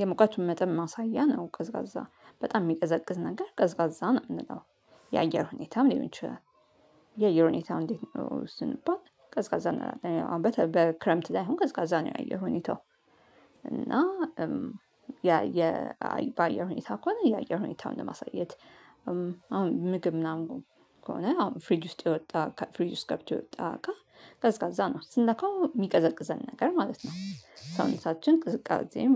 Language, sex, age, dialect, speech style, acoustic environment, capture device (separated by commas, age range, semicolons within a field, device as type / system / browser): Amharic, female, 35-44, Ethiopia - Addis Ababa, happy, nature, mobile / Linux / Chrome